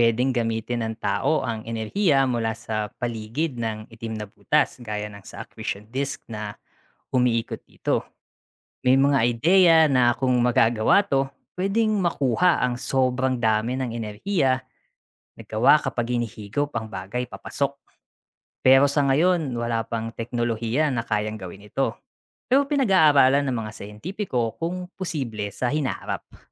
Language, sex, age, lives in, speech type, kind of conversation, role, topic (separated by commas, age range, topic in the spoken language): Filipino, male, 35-39, Philippines, read, expert-assistant, expert, Paano nagkakaroon ng mga bituin sa kalangitan?